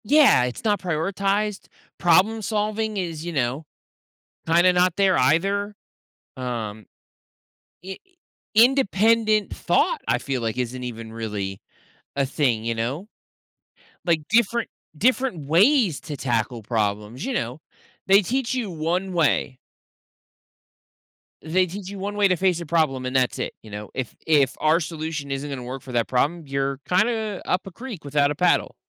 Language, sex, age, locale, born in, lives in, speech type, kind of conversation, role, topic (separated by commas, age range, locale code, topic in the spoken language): English, male, 30-34, en-US, United States, United States, conversation, podcast, guest, What changes could help schools equip students for a rapidly changing world?